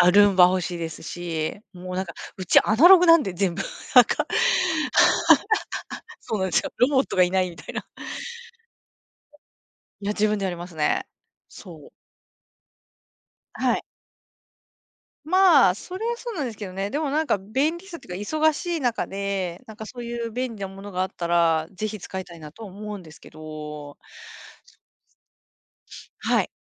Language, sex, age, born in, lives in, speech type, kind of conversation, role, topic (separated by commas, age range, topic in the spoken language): Japanese, female, 45-49, Japan, Japan, conversation, unstructured, none, ロボットが家事をする未来について、どう思いますか？